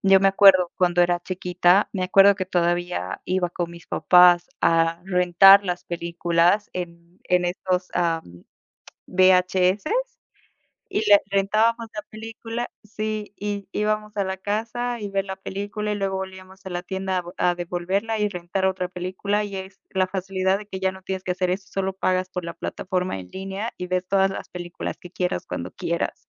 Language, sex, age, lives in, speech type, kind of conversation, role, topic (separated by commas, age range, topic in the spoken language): Spanish, female, 25-29, United States, conversation, unstructured, none, ¿Prefieres ver películas en casa o en el cine?